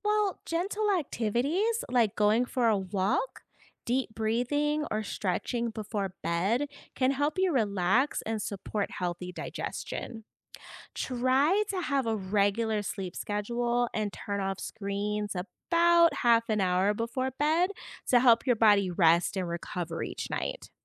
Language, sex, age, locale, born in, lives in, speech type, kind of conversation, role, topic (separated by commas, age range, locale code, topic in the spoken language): English, female, 40-44, en-US, United States, United States, read, expert-assistant, expert, How does the digestive system break down food?